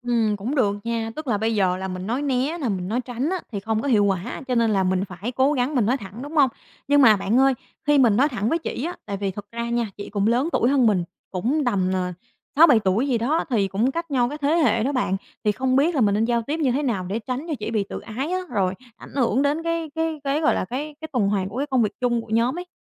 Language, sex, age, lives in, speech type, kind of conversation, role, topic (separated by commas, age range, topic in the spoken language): Vietnamese, female, 25-29, Vietnam, conversation, advice, user, Làm sao để từ chối khéo khi bị nhờ làm những việc vượt quá khả năng của mình?